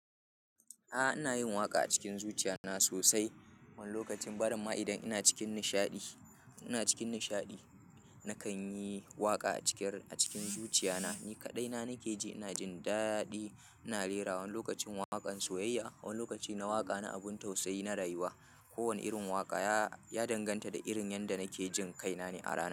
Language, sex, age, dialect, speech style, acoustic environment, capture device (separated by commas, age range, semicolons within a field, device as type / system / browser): Hausa, male, 25-34, Nigeria - Kano, happy; anxious; tired; relaxed; nervous; excited; focused; stressed, people talking; music; silence; nature; traffic; construction; appliances, mobile / Linux / Chrome